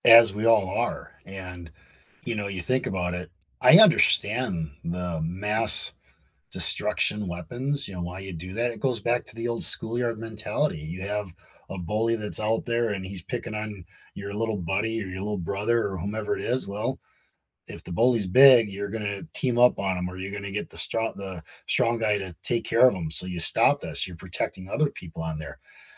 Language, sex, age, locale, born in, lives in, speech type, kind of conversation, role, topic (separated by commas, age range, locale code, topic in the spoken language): English, male, 45-49, en-US, United States, United States, conversation, unstructured, none, How do inventions change the way we live?